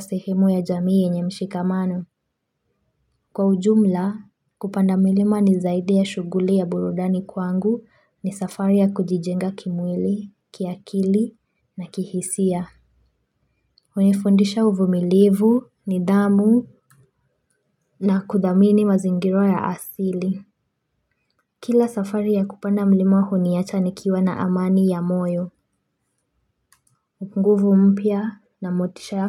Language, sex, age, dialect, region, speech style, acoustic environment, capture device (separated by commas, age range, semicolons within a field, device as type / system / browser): Swahili, female, 25-34, Kenya - Mombasa, Kenya, focused, silence, mobile / Linux / Chrome